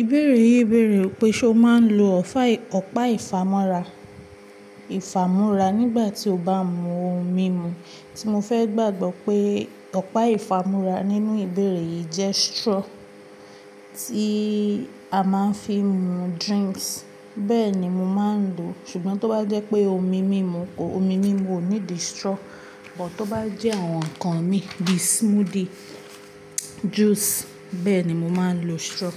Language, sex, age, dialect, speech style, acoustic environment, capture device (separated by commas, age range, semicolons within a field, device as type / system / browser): Yoruba, female, 18-24, Nigeria - Ibadan, focused; relaxed, appliances, mobile / Linux / Chrome